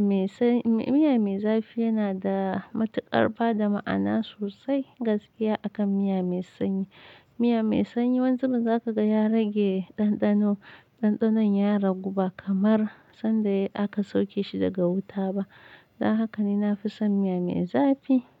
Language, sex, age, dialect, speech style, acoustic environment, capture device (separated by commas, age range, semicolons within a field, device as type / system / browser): Hausa, female, 25-34, Nigeria - Nigerian Standard, happy; focused; relaxed, silence, mobile / Linux / Chrome